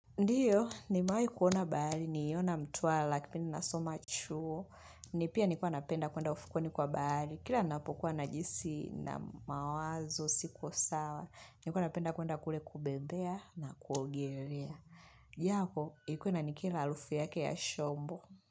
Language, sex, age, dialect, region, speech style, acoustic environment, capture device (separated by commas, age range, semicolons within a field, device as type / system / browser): Swahili, female, 25-34, Tanzania - Dar es Salaam, Tanzania, focused; relaxed, silence; music; people talking; nature, mobile / Linux / Chrome